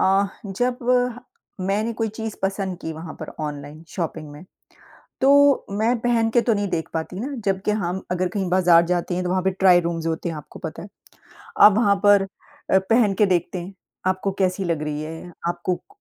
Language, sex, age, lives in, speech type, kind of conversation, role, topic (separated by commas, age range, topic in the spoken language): Hindi, female, 50-54, United States, conversation, unstructured, none, आपके विचार में ऑनलाइन खरीदारी और मॉल में खरीदारी में कौन-सी बेहतर है?